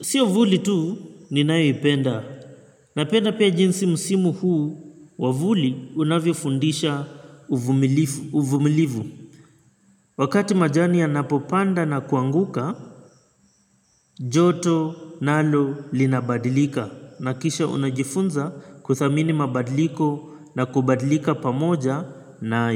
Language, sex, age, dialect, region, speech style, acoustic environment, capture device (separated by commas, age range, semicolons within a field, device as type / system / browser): Swahili, male, 25-34, Kenya - Mombasa, Kenya, happy, nature, mobile / Linux / Chrome